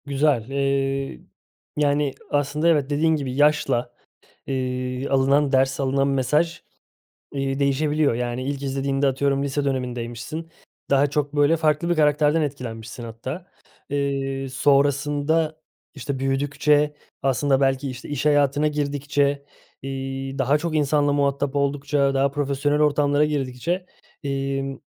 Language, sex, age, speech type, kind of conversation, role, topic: Turkish, male, 30-34, conversation, podcast, host, Hangi film karakteri seni en çok etkiledi, neden?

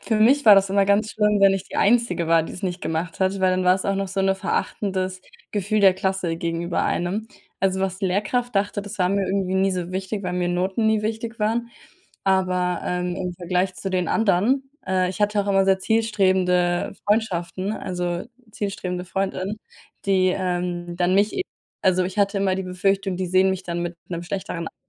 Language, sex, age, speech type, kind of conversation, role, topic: German, female, 20-24, conversation, unstructured, none, Wie bleibst du beim Sport motiviert?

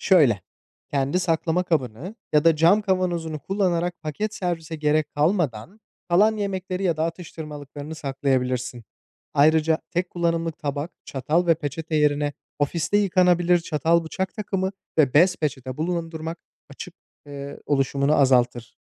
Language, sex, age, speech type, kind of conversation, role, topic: Turkish, male, 25-29, read, expert-assistant, expert, Çevreyi korumak için günlük hayatta neler yapılabilir?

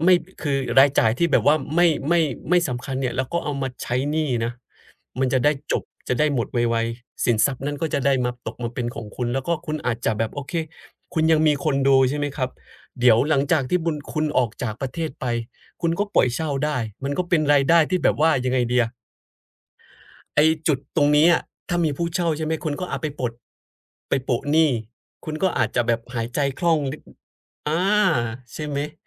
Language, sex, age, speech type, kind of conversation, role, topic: Thai, male, 30-34, conversation, advice, advisor, ฉันจะลดค่าใช้จ่ายเพื่อเร่งปลดหนี้ได้อย่างไร?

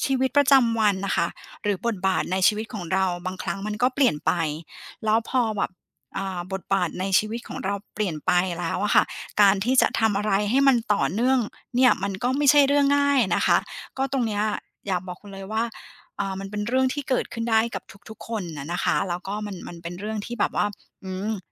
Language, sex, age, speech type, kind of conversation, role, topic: Thai, female, 40-44, conversation, advice, advisor, ทำอย่างไรให้ฝึกได้อย่างต่อเนื่อง?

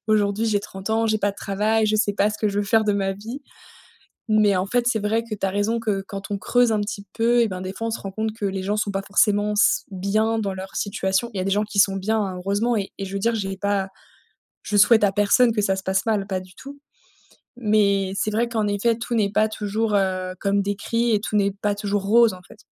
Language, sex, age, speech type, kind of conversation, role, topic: French, female, 30-34, conversation, advice, user, Pourquoi ai-je l’impression d’être jugé(e) sur mon salaire ou ma réussite professionnelle ?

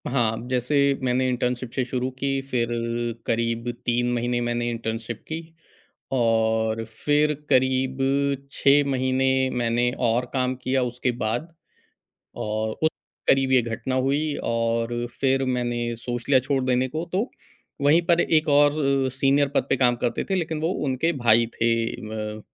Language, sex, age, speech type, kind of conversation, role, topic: Hindi, male, 40-44, conversation, podcast, guest, जब आपको लगा कि आपने नौकरी का गलत चुनाव कर लिया है, तो आपने उस स्थिति को कैसे संभाला?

